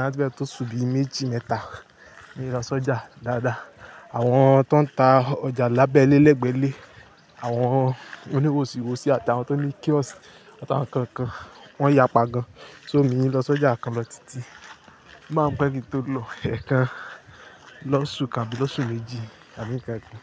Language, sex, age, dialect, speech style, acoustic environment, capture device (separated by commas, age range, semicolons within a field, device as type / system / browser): Yoruba, male, 25-34, Nigeria - Lagos Yoruba, happy, people talking; nature, mobile / Linux / Chrome